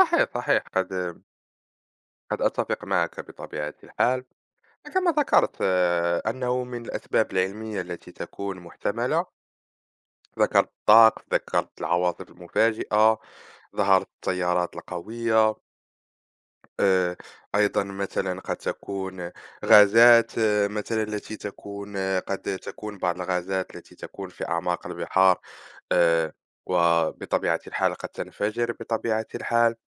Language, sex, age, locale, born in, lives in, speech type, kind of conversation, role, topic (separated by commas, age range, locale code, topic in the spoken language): Arabic, male, 20-24, ar-MA, Morocco, France, conversation, unstructured, none, إيه هي نظريتك عن سبب اختفاء السفن في مثلث برمودا؟